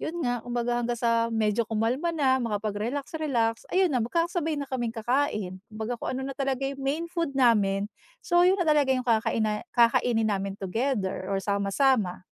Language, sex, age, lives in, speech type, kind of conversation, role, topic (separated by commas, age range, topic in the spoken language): Filipino, female, 40-44, United States, conversation, podcast, guest, Paano mo inihahanda ang pagkain kapag may umuuwi na pagod at balisa?